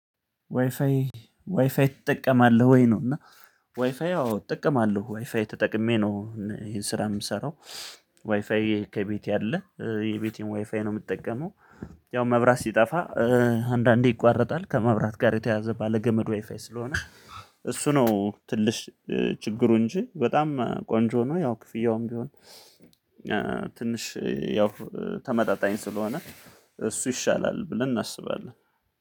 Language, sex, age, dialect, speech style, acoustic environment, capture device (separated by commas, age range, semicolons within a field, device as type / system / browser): Amharic, male, 35-44, Ethiopia - Gondar, happy; relaxed, silence; people talking, mobile / Linux / Chrome